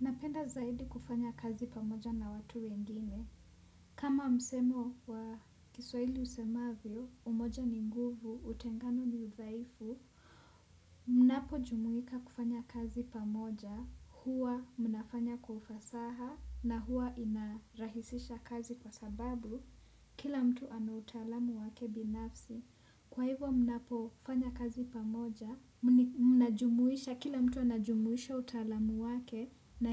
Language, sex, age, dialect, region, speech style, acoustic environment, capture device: Swahili, female, 18-24, Kenya - Nairobi Sheng-influenced, Kenya, relaxed; focused, traffic, desktop / Windows / Chrome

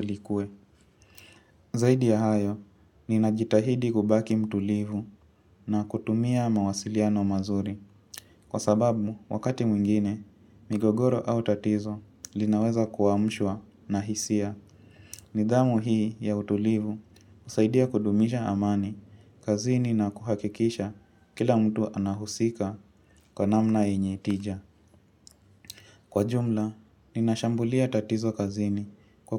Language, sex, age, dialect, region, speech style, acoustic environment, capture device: Swahili, female, 18-24, Kenya - Mombasa, Kenya, relaxed; focused, music; nature, mobile / Linux / Chrome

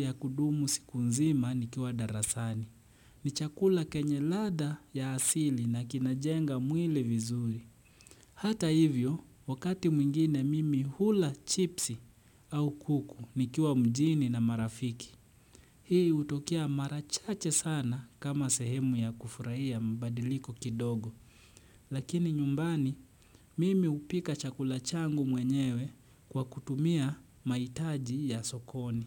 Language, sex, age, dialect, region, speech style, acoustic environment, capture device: Swahili, male, 25-34, Kenya - Nairobi Sheng-influenced, Kenya, relaxed, silence, desktop / Windows / Chrome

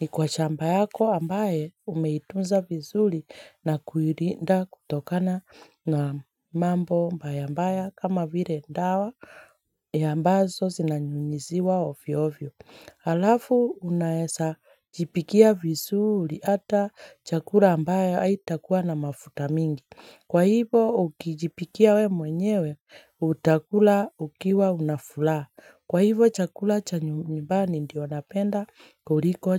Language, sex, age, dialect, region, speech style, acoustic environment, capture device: Swahili, female, 25-34, Kenya - Nairobi Sheng-influenced, Kenya, relaxed; happy, silence, mobile / Linux / Chrome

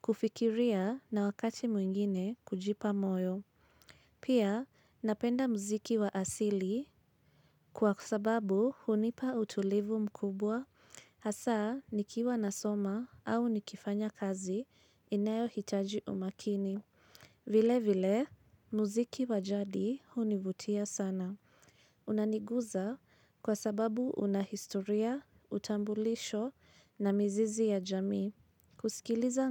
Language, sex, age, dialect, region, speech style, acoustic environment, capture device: Swahili, female, 25-34, Kenya - Nairobi Sheng-influenced, Kenya, anxious, silence; appliances, mobile / Linux / Chrome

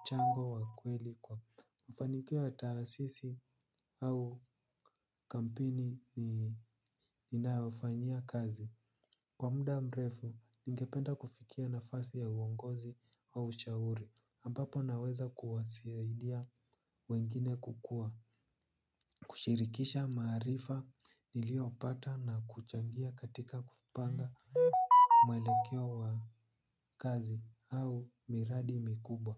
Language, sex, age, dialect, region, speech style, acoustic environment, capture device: Swahili, male, 18-24, Kenya - Nairobi Sheng-influenced, Kenya, relaxed, nature, mobile / Linux / Chrome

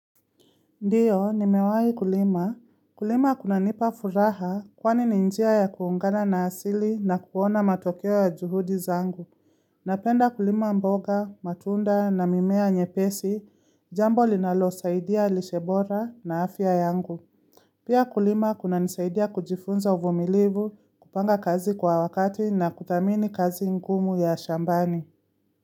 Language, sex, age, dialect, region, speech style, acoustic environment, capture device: Swahili, female, 25-34, Kenya - Nairobi Sheng-influenced, Kenya, excited, silence, mobile / Linux / Chrome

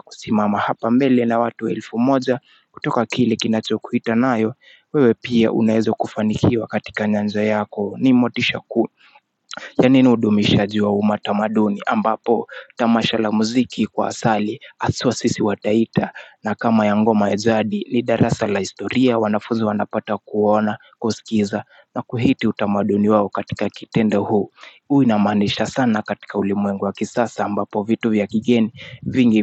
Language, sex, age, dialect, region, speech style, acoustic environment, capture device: Swahili, male, 25-34, Kenya - Mombasa, Kenya, relaxed, nature, mobile / Linux / Chrome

